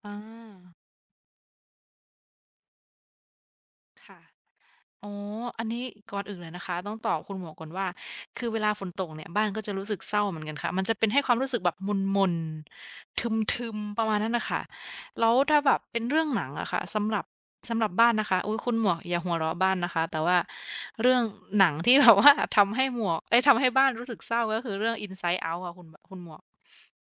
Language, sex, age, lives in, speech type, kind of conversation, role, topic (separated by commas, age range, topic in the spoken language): Thai, female, 25-29, Thailand, conversation, unstructured, none, คุณเคยรู้สึกเศร้าจากหนังเรื่องไหนบ้าง?